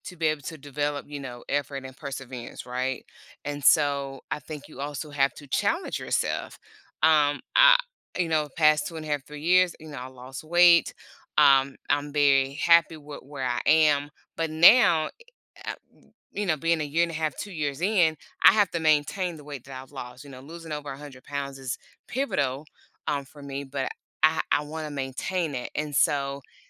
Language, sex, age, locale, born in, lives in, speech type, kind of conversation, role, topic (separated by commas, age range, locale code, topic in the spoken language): English, female, 45-49, en-US, United States, United States, conversation, podcast, guest, What helps people successfully build and stick to healthy habits?